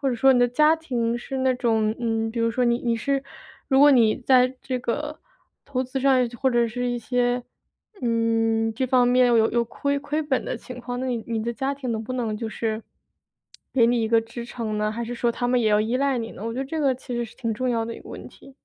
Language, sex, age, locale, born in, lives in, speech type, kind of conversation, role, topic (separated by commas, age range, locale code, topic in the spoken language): Chinese, female, 25-29, zh-CN, China, United States, conversation, advice, advisor, 我该如何评估自己的财务风险，并确保长期生活安全？